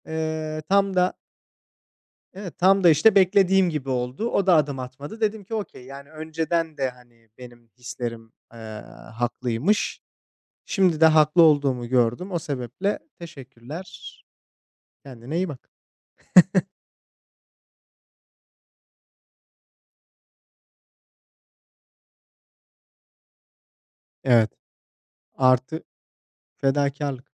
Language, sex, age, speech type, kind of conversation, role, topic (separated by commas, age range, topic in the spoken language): Turkish, male, 25-29, conversation, unstructured, none, İlişkide yapılabilecek en büyük yanlış nedir?